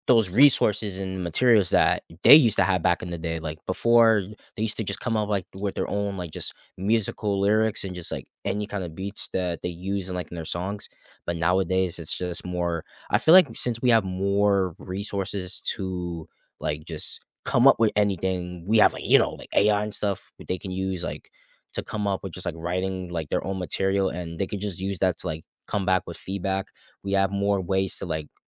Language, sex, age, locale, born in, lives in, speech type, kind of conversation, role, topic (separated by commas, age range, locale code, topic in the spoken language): English, male, 20-24, en-US, United States, United States, conversation, unstructured, none, How does technology like auto-tune, social media, or streaming shape what we consider good music?